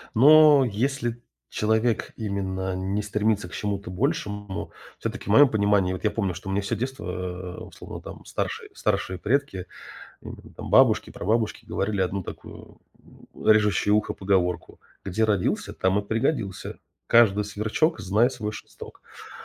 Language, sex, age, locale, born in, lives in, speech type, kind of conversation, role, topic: Russian, male, 35-39, ru-RU, Russia, United States, conversation, podcast, guest, Как школа может лучше готовить подростков к взрослой жизни?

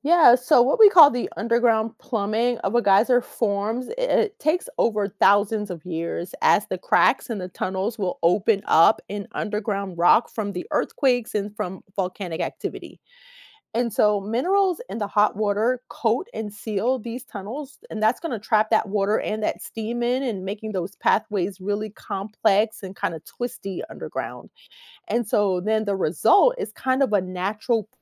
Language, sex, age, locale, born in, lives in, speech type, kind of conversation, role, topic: English, female, 45-49, en-US, United States, United States, read, expert-assistant, expert, What causes geysers to erupt with hot water?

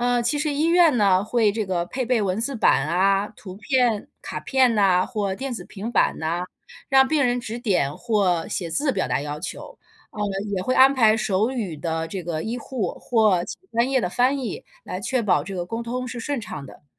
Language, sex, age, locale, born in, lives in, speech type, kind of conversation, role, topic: Chinese, female, 40-44, zh-CN, China, United States, read, expert-assistant, expert, 你觉得有哪些办法可以让护士和病人之间的沟通变得更顺畅？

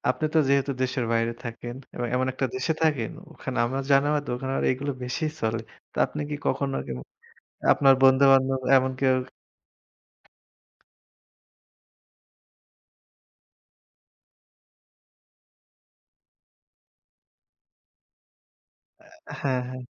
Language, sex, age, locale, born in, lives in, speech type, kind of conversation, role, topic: Bengali, male, 25-29, bn-BD, Bangladesh, Bangladesh, conversation, unstructured, none, সামাজিক মাধ্যমে ছড়ানো ভুল তথ্যের প্রভাব কতটা বড়?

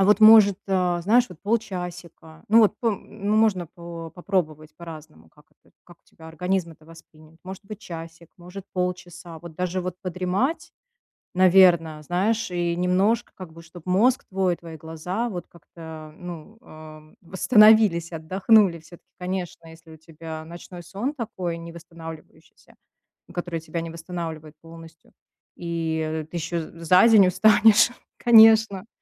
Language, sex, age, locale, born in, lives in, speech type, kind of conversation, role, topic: Russian, female, 35-39, ru-RU, Russia, United States, conversation, advice, advisor, Как дневной сон может помочь вам восстановить энергию в течение дня?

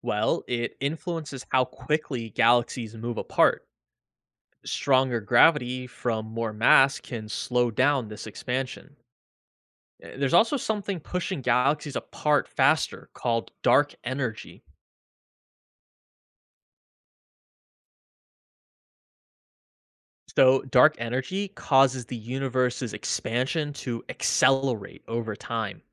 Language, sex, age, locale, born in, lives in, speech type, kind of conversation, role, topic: English, male, 25-29, en-US, United States, United States, read, expert-assistant, expert, How does gravity impact the universe?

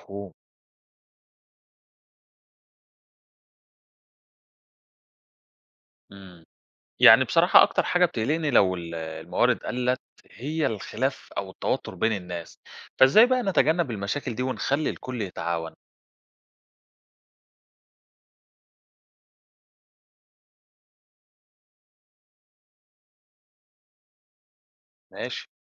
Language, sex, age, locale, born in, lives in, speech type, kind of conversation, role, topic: Arabic, male, 30-34, ar-EG, Egypt, Greece, read, expert-assistant, asker, لو حصل نقص مفاجئ في الأكل أو الشرب وإحنا في رحلة هايكينج بعيدة عن أقرب مكان عمران، إزاي نتصرف بحكمة ونحل المشكلة؟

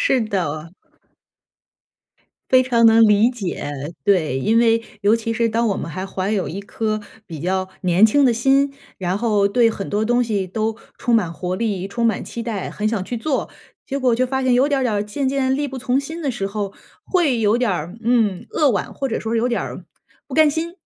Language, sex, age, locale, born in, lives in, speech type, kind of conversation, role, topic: Chinese, female, 35-39, zh-CN, China, United States, conversation, advice, advisor, 我怎样才能更好地接受身体功能的变化？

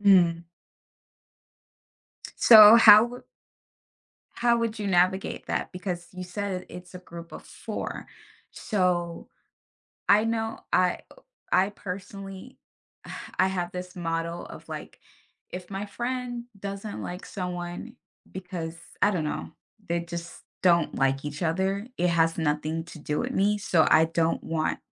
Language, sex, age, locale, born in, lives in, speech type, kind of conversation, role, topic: English, female, 30-34, en-US, United States, United States, conversation, podcast, host, What helps you maintain strong friendships when you don’t see eye to eye?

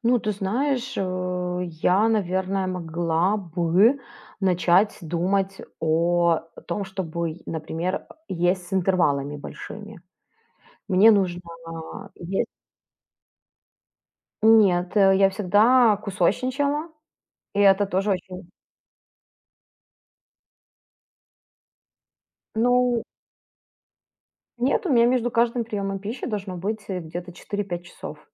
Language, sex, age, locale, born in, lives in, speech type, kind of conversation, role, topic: Russian, female, 35-39, ru-RU, Ukraine, Italy, conversation, advice, user, Как вы справляетесь с диагнозом хронического заболевания и необходимостью изменить образ жизни?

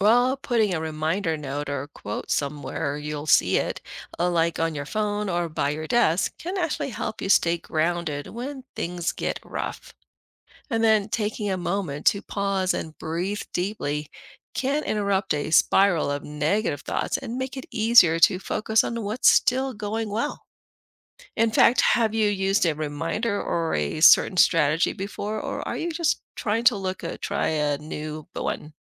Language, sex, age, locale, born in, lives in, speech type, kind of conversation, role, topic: English, female, 50-54, en-US, Philippines, United States, read, expert-assistant, expert, What are ways to stay positive during setbacks?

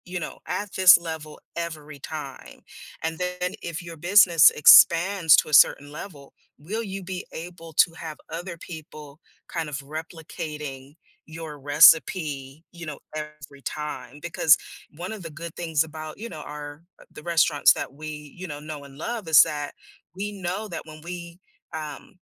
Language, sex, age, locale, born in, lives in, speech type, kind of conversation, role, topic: English, female, 50-54, en-US, United States, United States, conversation, podcast, guest, What makes cooking such a rewarding and meaningful hobby for so many people?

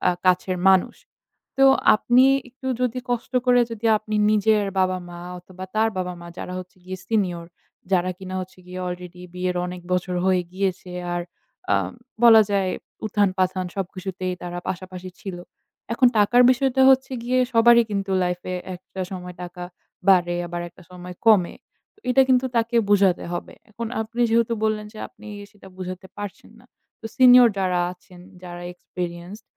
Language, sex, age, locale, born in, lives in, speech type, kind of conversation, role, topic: Bengali, female, 20-24, bn-BD, Bangladesh, Bangladesh, conversation, advice, advisor, সম্পর্ক ছিন্ন করার কথা ভাবছেন কিন্তু সিদ্ধান্ত নিতে পারছেন না—কেন আপনি দ্বিধায় ভুগছেন এবং কীভাবে সিদ্ধান্ত নেবেন?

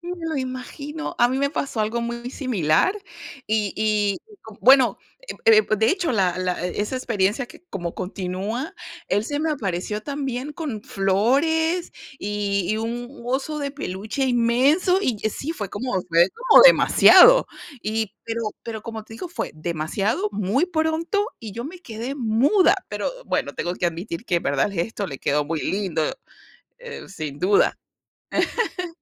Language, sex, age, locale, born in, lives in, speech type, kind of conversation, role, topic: Spanish, female, 40-44, es-VE, Venezuela, United States, conversation, unstructured, none, ¿Cuándo es un buen momento para decir "te quiero"?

